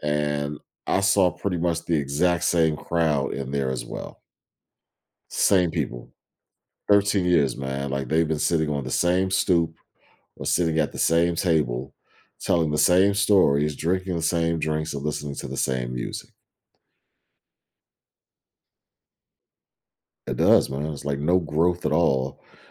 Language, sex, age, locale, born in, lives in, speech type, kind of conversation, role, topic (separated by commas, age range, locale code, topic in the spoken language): English, male, 40-44, en-US, United States, United States, conversation, podcast, guest, What important lessons have you learned from your past experiences?